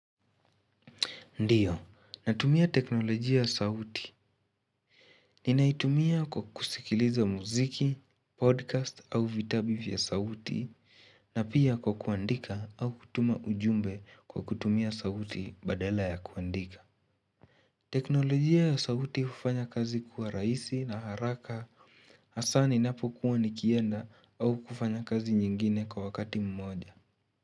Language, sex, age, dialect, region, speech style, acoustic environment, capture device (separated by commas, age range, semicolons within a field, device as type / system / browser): Swahili, male, 18-24, Kenya - Nairobi Sheng-influenced, Kenya, excited, nature, mobile / Linux / Chrome